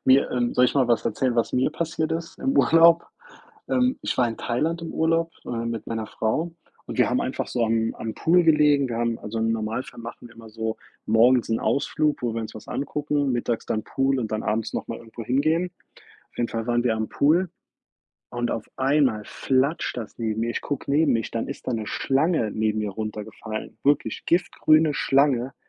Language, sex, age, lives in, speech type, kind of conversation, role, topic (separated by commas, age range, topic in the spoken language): German, male, 30-34, United States, conversation, unstructured, none, Was war dein ungewöhnlichstes Abenteuer unterwegs?